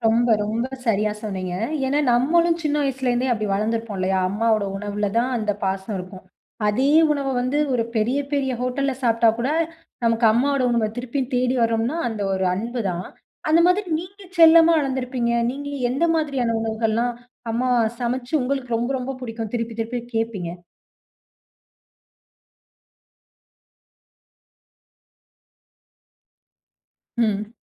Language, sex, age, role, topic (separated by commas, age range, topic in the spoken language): Tamil, female, 20-24, host, உணவு மூலம் பாசத்தை எப்படி காட்டலாம்?